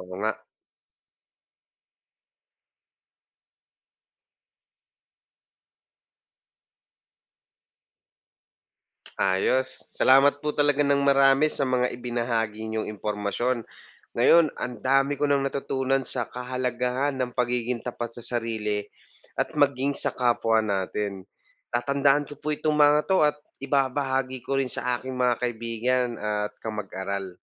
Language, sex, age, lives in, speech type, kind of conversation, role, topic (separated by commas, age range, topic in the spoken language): Filipino, male, 25-29, Philippines, read, expert-assistant, asker, Bakit mahalaga ang pagiging tapat sa sarili at sa kapwa?